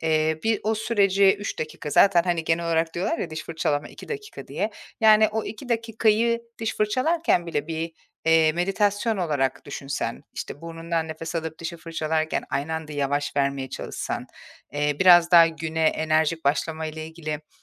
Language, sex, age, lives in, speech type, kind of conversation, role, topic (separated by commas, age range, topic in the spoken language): Turkish, female, 40-44, Portugal, conversation, advice, advisor, Günlük meditasyon veya nefes pratiğine nasıl başlayabilirim?